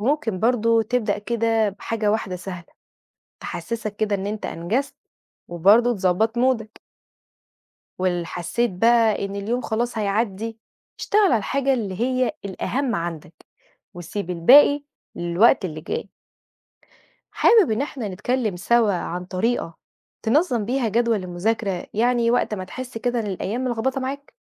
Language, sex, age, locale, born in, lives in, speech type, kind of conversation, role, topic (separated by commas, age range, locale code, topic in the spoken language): Arabic, female, 30-34, ar-EG, Egypt, Portugal, read, expert-assistant, expert, ما أهمية تحديد الأهداف الدراسية لكل فصل؟